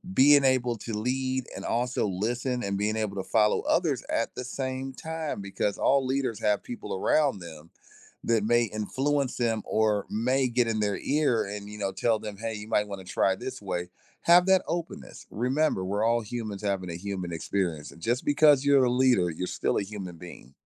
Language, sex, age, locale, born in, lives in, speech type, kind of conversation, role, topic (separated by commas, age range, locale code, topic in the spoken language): English, male, 40-44, en-US, United States, United States, conversation, podcast, host, How can leaders positively shape and support their communities?